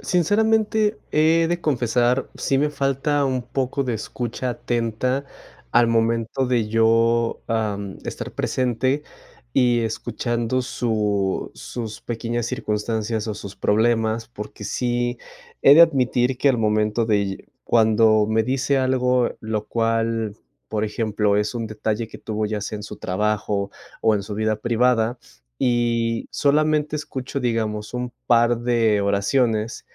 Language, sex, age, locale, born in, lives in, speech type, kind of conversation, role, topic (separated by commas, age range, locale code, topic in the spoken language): Spanish, male, 25-29, es-MX, Mexico, Mexico, conversation, advice, user, ¿Cómo puedo ofrecer apoyo emocional a mi pareja sin minimizar sus sentimientos?